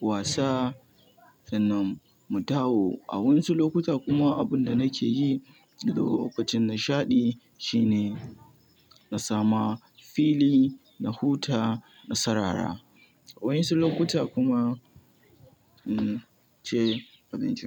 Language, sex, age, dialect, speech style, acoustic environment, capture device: Hausa, male, 18-24, Nigeria - Kano, relaxed, nature, mobile / Linux / Chrome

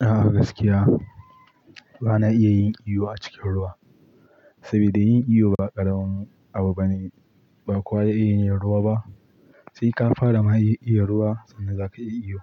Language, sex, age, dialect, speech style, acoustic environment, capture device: Hausa, male, 18-24, Nigeria - Pidgin-influenced, excited; focused, nature, mobile / Linux / Chrome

